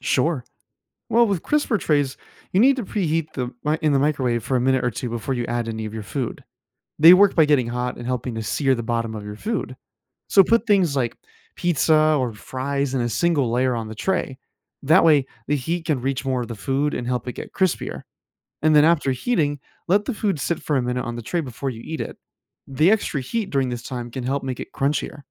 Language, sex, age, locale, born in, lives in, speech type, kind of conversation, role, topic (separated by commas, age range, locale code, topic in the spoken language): English, male, 25-29, en-US, United States, United States, read, expert-assistant, expert, How can you safely and effectively use a microwave oven to heat different foods?